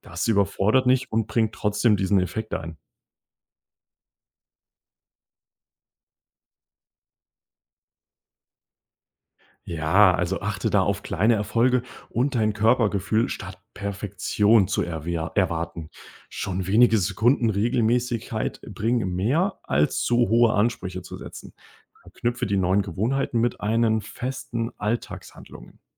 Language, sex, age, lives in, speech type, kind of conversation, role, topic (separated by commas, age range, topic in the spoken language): German, male, 20-24, Germany, read, expert-assistant, expert, Wie entscheide ich, welche Gewohnheiten mir beim persönlichen Wachstum am meisten bringen?